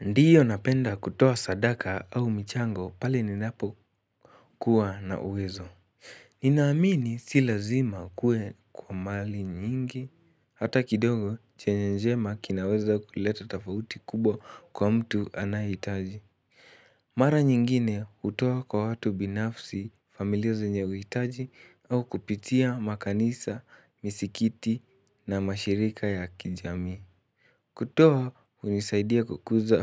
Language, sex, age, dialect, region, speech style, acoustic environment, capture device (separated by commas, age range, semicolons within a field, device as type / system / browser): Swahili, male, 18-24, Kenya - Nairobi Sheng-influenced, Kenya, excited, nature; people talking, mobile / Linux / Chrome